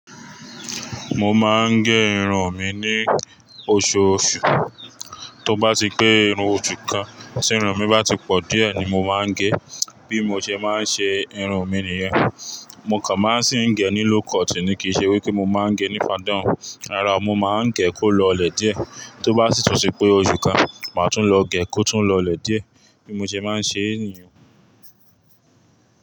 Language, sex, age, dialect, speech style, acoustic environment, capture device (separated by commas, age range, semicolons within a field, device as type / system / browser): Yoruba, male, 25-34, Nigeria - Ibadan, relaxed, traffic; people talking, mobile / Linux / Chrome